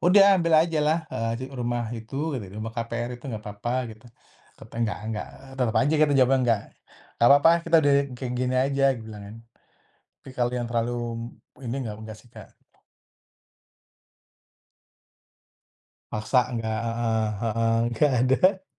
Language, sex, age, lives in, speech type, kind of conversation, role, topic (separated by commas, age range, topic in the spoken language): Indonesian, male, 35-39, Indonesia, conversation, podcast, guest, Bagaimana cara menentukan apakah sebaiknya membeli rumah atau tetap menyewa?